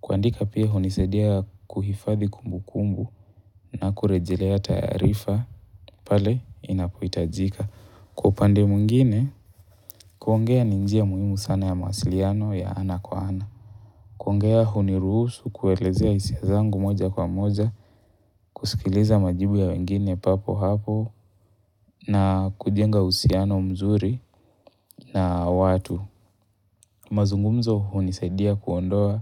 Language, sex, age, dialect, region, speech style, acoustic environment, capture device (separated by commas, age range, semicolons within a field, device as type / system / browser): Swahili, male, 18-24, Kenya - Mombasa, Kenya, relaxed, nature, mobile / Linux / Chrome